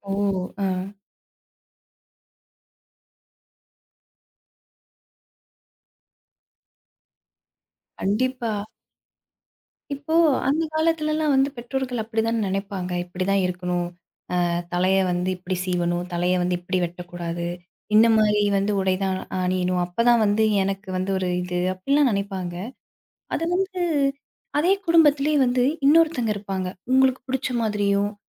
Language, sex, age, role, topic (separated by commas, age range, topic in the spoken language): Tamil, female, 25-29, host, உங்கள் குடும்பம் உங்கள் உடைத் தேர்வை எப்படி பாதிக்கிறது என்று நீங்கள் நினைக்கிறீர்கள்?